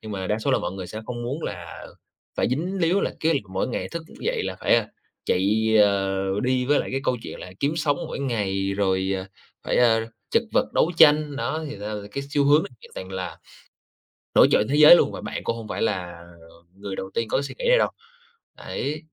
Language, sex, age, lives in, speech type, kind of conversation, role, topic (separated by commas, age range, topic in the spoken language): Vietnamese, male, 25-29, Vietnam, conversation, advice, advisor, Bạn có dự định nghỉ hưu sớm nhưng lo sợ mất mục đích sống và nguồn thu nhập không?